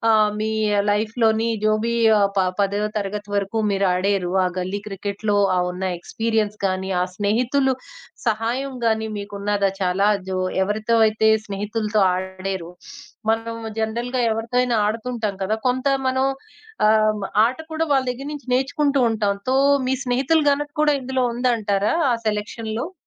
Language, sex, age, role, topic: Telugu, female, 20-24, host, ఈ హాబీ వల్ల మీకు వచ్చిన అత్యంత అద్భుతమైన అనుభవం ఏది?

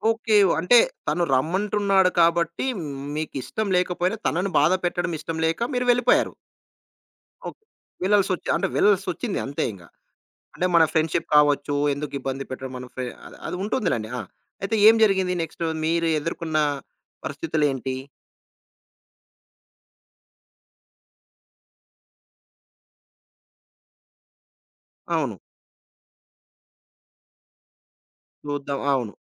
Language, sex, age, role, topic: Telugu, male, 35-39, host, అవసరమైనప్పుడు నేరుగా ‘కాదు’ అని ఎలా చెప్పాలి?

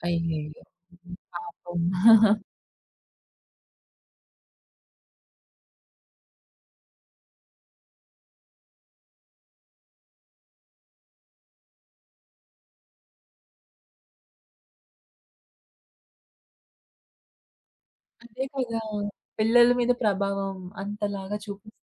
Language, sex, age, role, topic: Telugu, female, 25-29, host, మాటలకంటే చర్యలే ఎక్కువ ప్రభావం చూపుతాయా?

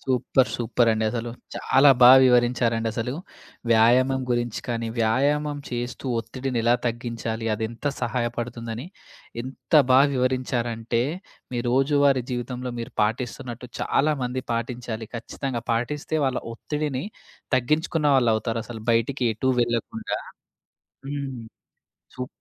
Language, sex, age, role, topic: Telugu, male, 25-29, host, వ్యాయామం మీ ఒత్తిడిని తగ్గించడంలో మీకు ఎలా సహాయపడింది?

